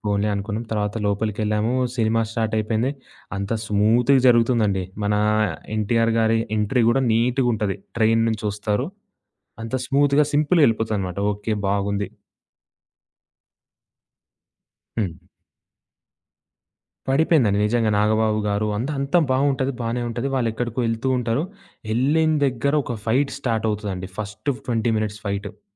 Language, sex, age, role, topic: Telugu, male, 20-24, guest, ఫ్యామిలీ ప్రేక్షకుల కోసం కథ రాయేటప్పుడు మీరు ఏమేమి మార్పులు చేస్తారు?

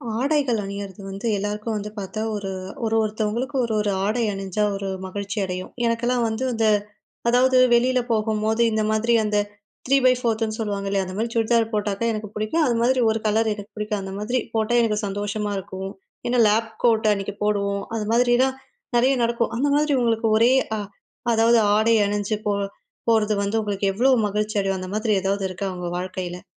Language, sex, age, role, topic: Tamil, female, 20-24, host, நீங்கள் அணியும்போது அதிக மகிழ்ச்சி தரும் ஆடை எது, ஏன்?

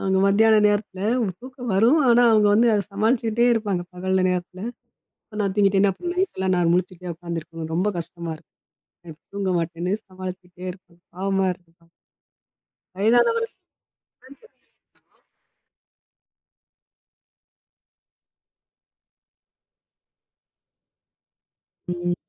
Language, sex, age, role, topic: Tamil, female, 40-44, host, நல்ல தூக்கம் பெற நீங்கள் எந்த வழிகளை முயற்சிக்கிறீர்கள்?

